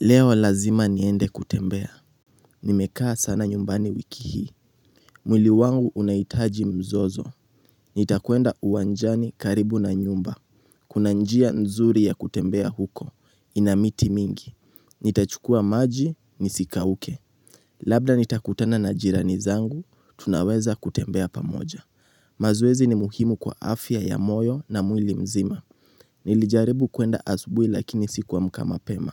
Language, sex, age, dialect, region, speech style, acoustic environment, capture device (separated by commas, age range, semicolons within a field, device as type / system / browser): Swahili, male, 18-24, Kenya - Nairobi Sheng-influenced, Kenya, relaxed, silence, mobile / Linux / Chrome